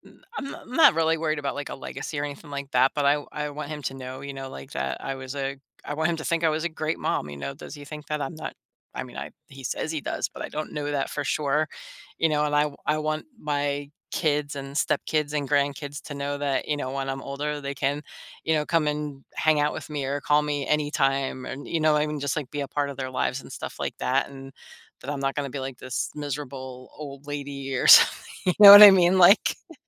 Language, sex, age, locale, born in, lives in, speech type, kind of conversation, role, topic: English, female, 50-54, en-US, United States, United States, conversation, advice, user, How do I figure out what truly brings me joy?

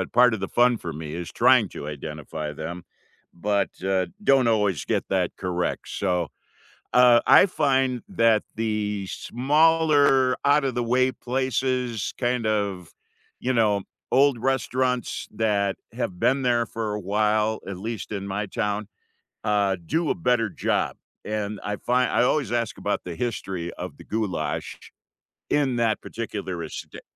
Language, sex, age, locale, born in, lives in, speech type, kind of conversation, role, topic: English, male, 65-69, en-US, United States, United States, conversation, unstructured, none, What comfort meal makes you feel at home, and what memories make it meaningful to you?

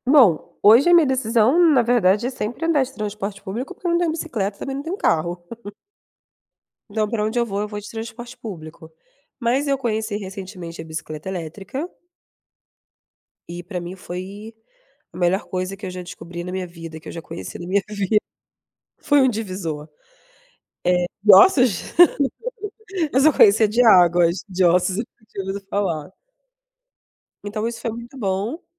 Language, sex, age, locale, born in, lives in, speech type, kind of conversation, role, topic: Portuguese, female, 30-34, pt-BR, Brazil, Germany, conversation, unstructured, none, Como você decide entre usar transporte público ou bicicleta?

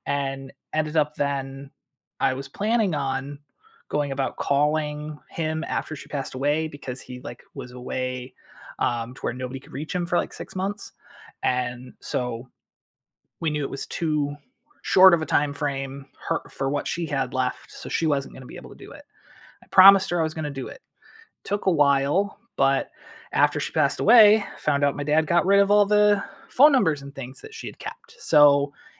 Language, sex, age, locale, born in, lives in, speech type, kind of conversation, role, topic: English, male, 35-39, en-US, United States, United States, conversation, unstructured, none, How do the paths you plan compare to the ones you find along the way?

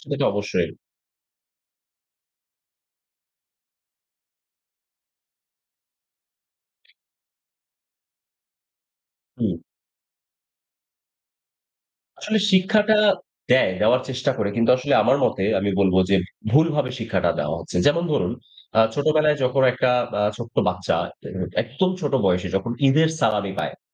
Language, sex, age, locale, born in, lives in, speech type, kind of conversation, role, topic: Bengali, male, 30-34, bn-BD, Bangladesh, Bangladesh, conversation, unstructured, none, আর্থিক স্বাধীনতা অর্জনের জন্য প্রথম পদক্ষেপ কী হতে পারে?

